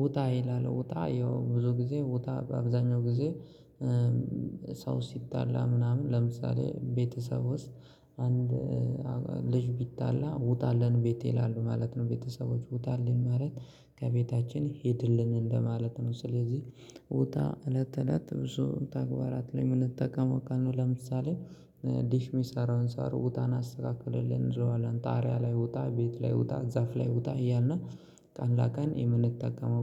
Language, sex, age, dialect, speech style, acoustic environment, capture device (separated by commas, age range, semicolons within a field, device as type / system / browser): Amharic, male, 25-34, Ethiopia - Gojjam, happy, silence, mobile / Linux / Chrome